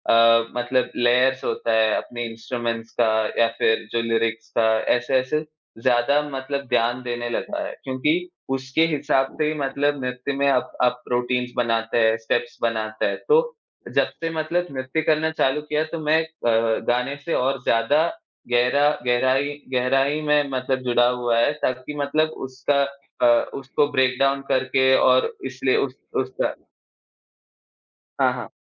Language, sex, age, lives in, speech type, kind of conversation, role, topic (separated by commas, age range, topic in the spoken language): Hindi, male, 20-24, India, conversation, unstructured, none, संगीत सुनना और नृत्य करना, इनमें से आपको क्या अधिक आनंद देता है?